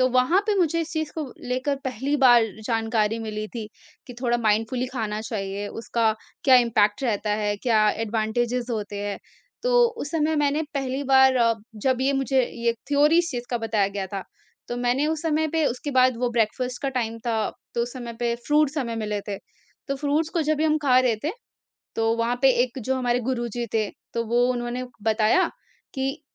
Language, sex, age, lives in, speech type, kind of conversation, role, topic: Hindi, female, 45-49, India, conversation, podcast, guest, Mindful eating ka simple tareeka kya hai, samjhaaiye?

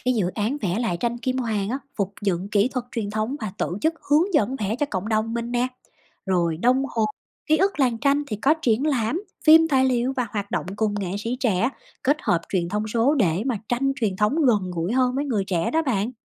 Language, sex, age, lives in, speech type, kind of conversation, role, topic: Vietnamese, female, 30-34, United States, read, expert-assistant, expert, Làm thế nào để giới trẻ hiểu và yêu tranh truyền thống Việt Nam?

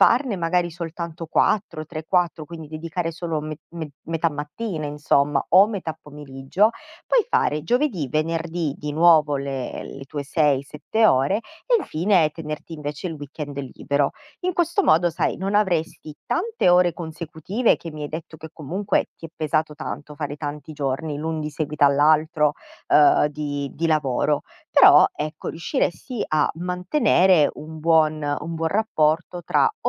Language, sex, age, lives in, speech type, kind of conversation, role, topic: Italian, female, 30-34, Italy, conversation, advice, advisor, Perché fai fatica a mantenere una routine quotidiana?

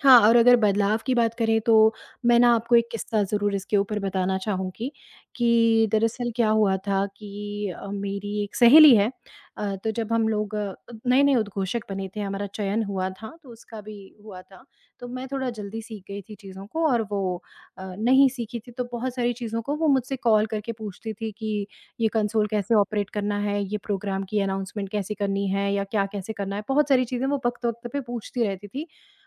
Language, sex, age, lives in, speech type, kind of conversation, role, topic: Hindi, female, 35-39, India, conversation, advice, user, क्या आपको सीमाएँ तय करने में डर लगता है और क्या आपको 'ना' कहने में कठिनाई होती है?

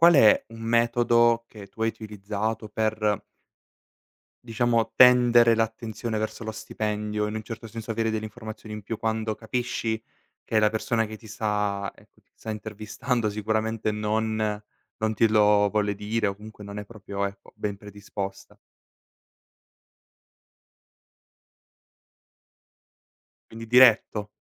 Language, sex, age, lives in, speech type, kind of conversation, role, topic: Italian, male, 18-19, Italy, conversation, podcast, host, Come si parla di stipendio senza imbarazzo?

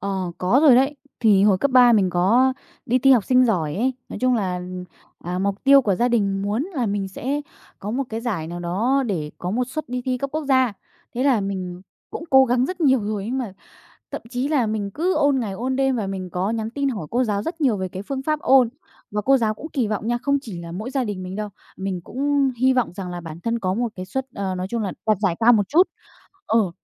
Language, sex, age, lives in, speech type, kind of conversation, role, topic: Vietnamese, female, 20-24, Vietnam, conversation, podcast, guest, Bạn đối diện với áp lực từ kỳ vọng của gia đình như thế nào?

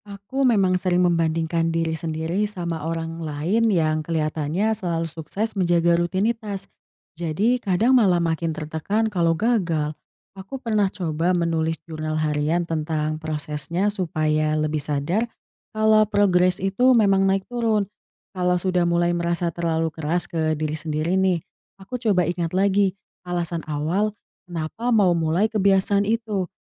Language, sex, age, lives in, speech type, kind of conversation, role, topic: Indonesian, female, 20-24, Indonesia, read, expert-assistant, asker, Bagaimana cara menjaga kebiasaan sehat tetap konsisten dalam jangka panjang?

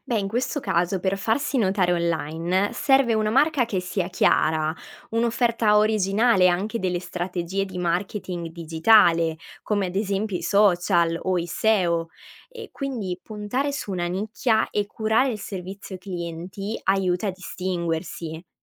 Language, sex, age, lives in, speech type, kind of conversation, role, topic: Italian, female, 20-24, Italy, read, expert-assistant, expert, Quali sono i passi essenziali per avviare con successo un'attività online rispetto a una tradizionale?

